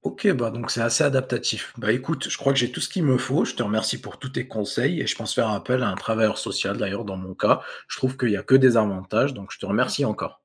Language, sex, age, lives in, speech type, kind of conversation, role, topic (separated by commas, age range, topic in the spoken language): French, male, 20-24, France, read, expert-assistant, asker, Comment expliques-tu le rôle du travailleur social dans la vie de tous les jours ?